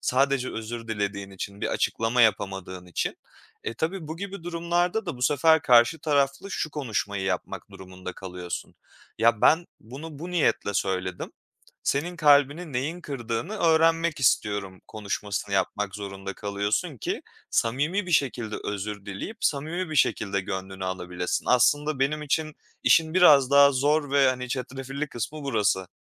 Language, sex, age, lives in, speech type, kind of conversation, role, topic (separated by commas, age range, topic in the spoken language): Turkish, male, 25-29, Poland, conversation, podcast, guest, Güven kırıldığında, güveni yeniden kurmada zaman mı yoksa davranış mı daha önemlidir?